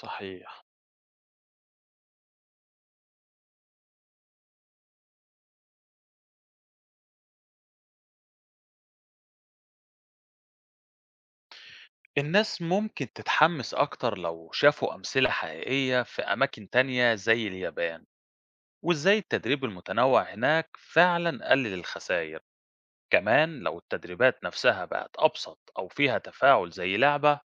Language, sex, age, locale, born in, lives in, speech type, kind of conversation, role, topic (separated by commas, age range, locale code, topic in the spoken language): Arabic, male, 30-34, ar-EG, Egypt, Greece, read, expert-assistant, expert, إزاي نخلي تدريب الكوارث في المدارس والمجتمع أكتر فعالية ومرونة؟